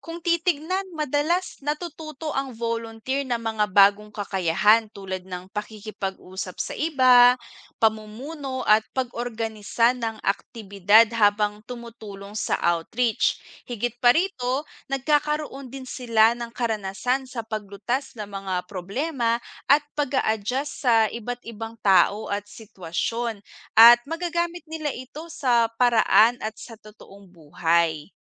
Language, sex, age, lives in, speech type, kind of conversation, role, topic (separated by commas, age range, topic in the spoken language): Filipino, female, 25-29, Philippines, read, expert-assistant, expert, Ano ang mga benepisyo ng pagsali sa mga outreach program ng paaralan?